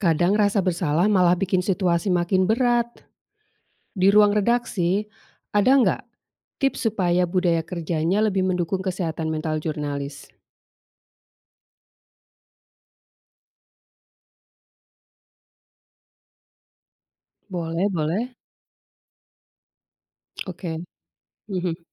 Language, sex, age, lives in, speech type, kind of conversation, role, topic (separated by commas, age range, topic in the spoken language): Indonesian, female, 45-49, Indonesia, read, expert-assistant, asker, Bagaimana menjaga kesehatan mental di dunia jurnalistik yang penuh tekanan?